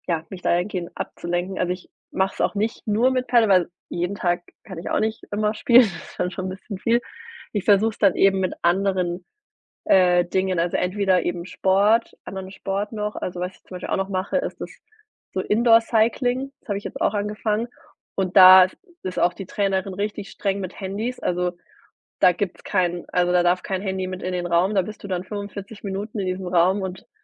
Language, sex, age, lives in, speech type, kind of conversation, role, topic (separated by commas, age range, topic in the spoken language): German, female, 30-34, Germany, conversation, podcast, guest, Was hilft dir dabei, dich von Bildschirm und sozialen Medien zu lösen?